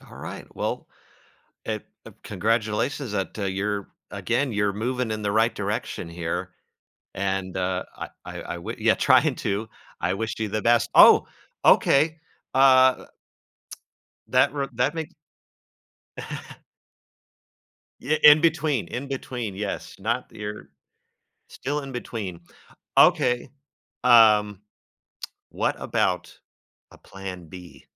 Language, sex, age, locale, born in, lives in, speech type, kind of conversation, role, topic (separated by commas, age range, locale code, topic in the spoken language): English, male, 40-44, en-US, United States, United States, conversation, podcast, host, How do you approach goals that challenge and inspire you at the same time?